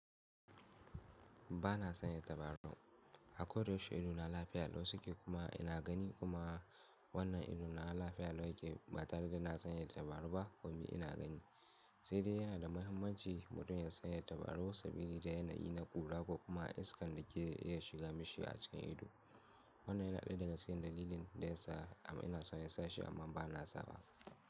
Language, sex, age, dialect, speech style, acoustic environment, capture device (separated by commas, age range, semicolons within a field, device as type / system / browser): Hausa, male, 18-24, Nigeria - Kano, happy; excited; focused, silence, mobile / Linux / Chrome